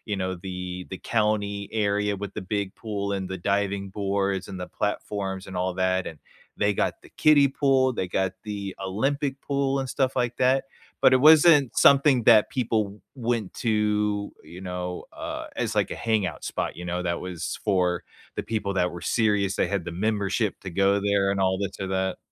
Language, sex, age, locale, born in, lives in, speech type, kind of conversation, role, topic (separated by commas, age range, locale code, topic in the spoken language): English, male, 30-34, en-US, United States, United States, conversation, unstructured, none, What is a childhood memory that always makes you smile?